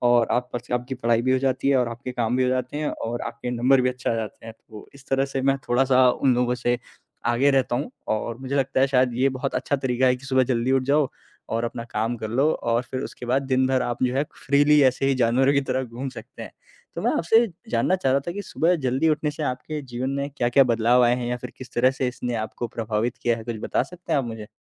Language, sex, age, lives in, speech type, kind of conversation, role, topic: Hindi, male, 20-24, India, conversation, unstructured, none, आप अपने दिन की शुरुआत सबसे ज़्यादा किस तरह करना पसंद करते हैं?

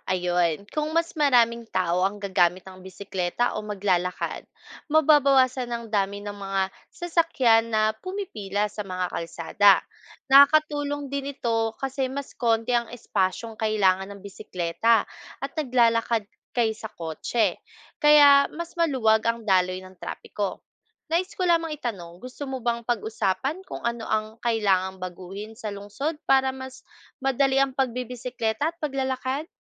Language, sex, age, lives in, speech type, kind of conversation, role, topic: Filipino, female, 25-29, Philippines, read, expert-assistant, expert, Ano ang mga sanhi ng paglala ng trapik sa mga lungsod?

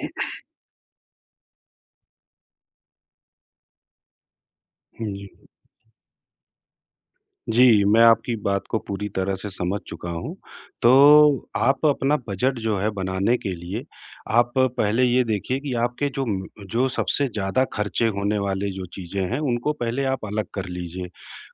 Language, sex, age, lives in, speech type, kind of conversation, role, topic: Hindi, male, 40-44, India, conversation, advice, advisor, मैं आराम-सुविधाओं पर खर्च बढ़ाते हुए भी अपनी बचत कैसे बढ़ा सकता हूँ?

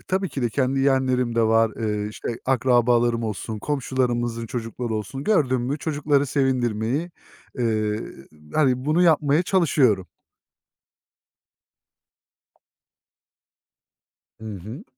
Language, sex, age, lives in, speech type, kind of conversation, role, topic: Turkish, male, 30-34, Germany, conversation, unstructured, none, İyilik yapmak seni nasıl mutlu ediyor?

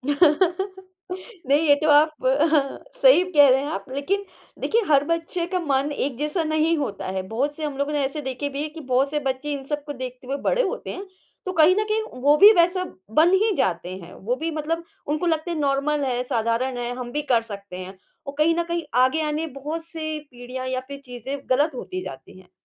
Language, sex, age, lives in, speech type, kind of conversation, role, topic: Hindi, female, 35-39, India, conversation, podcast, host, बड़ी और छोटी पीढ़ियों के बीच होने वाली खटपट को कैसे सुलझाया जा सकता है?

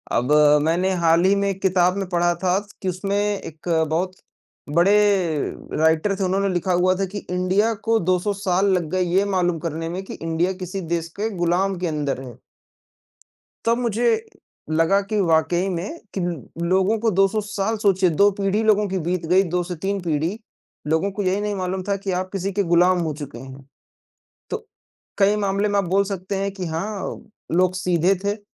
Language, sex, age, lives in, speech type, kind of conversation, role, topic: Hindi, male, 20-24, India, conversation, unstructured, none, क्या आपको इतिहास की कोई ऐसी कहानी याद है जो आपको प्रेरित करती हो?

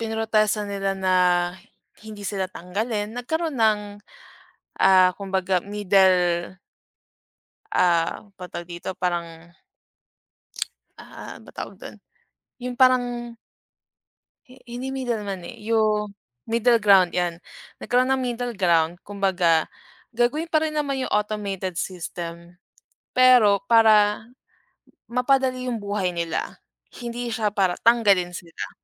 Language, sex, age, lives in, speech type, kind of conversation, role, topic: Filipino, female, 25-29, Philippines, conversation, unstructured, none, Ano ang opinyon mo tungkol sa mga protesta laban sa hindi patas na batas?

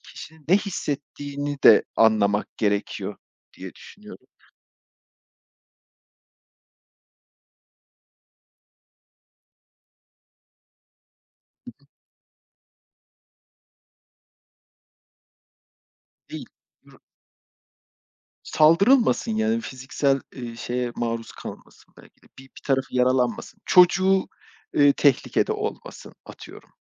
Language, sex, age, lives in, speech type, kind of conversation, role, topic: Turkish, male, 35-39, Poland, conversation, unstructured, none, Sokak hayvanlarına yardım etmek hakkında ne düşünüyorsun?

